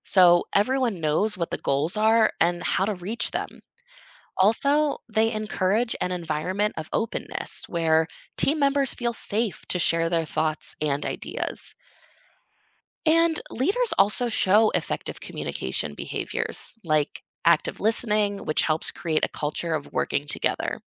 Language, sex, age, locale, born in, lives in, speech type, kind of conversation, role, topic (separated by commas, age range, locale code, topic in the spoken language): English, female, 25-29, en-US, United States, United States, read, expert-assistant, expert, What are the benefits of teamwork and collaboration in achieving goals?